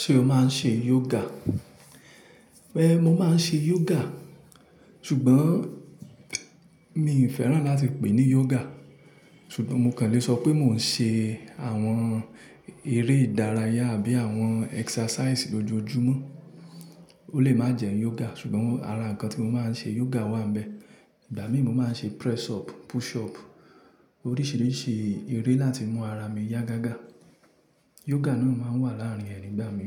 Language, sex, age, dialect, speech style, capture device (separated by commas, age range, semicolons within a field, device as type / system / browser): Yoruba, male, 25-34, Nigeria - Ibadan, relaxed, mobile / Linux / Chrome